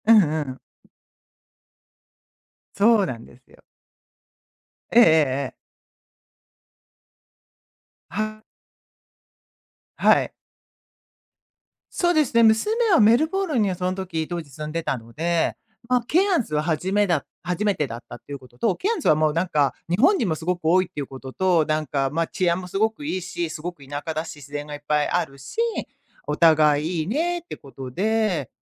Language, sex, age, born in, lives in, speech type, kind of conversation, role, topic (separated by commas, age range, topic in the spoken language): Japanese, female, 55-59, Japan, United States, conversation, podcast, guest, 思い出に残る旅先について話してくれますか？